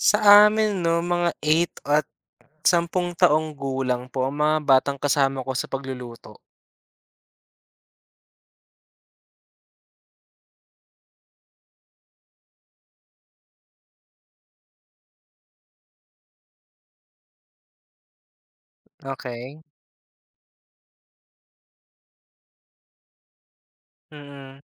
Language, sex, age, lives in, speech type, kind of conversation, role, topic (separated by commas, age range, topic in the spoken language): Filipino, male, 25-29, Philippines, read, expert-assistant, asker, Paano nakakatulong ang pagluluto sa paglinang ng kasanayan?